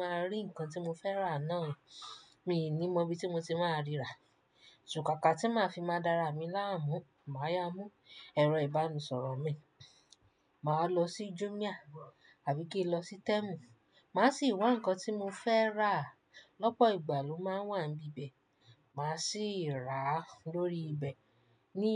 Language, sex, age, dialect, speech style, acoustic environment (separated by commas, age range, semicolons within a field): Yoruba, female, 25-34, Nigeria - Nigerian Standard, happy; relaxed; excited, silence